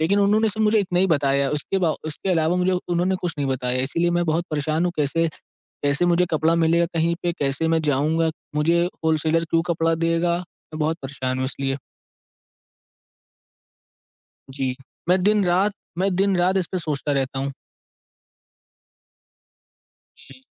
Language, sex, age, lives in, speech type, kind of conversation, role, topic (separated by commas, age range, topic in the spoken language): Hindi, male, 18-19, India, conversation, advice, user, आप बड़े प्रोजेक्ट की शुरुआत क्यों नहीं कर पा रहे हैं?